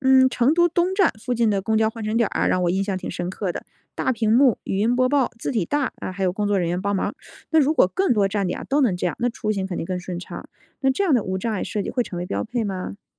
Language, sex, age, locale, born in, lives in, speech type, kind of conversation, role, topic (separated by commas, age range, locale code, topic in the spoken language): Chinese, female, 35-39, zh-CN, China, United States, read, expert-assistant, asker, 如果城市里公共交通经常堵车，你觉得有哪些实用的方法可以改善这种状况？